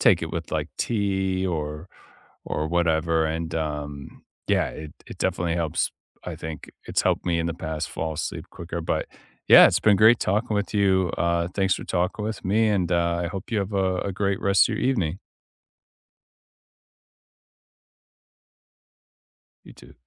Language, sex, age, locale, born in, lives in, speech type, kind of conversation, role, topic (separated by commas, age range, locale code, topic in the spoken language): English, male, 30-34, en-US, United States, United States, conversation, unstructured, none, Which real bedtime rituals have actually helped you fall asleep faster, and how did you discover them?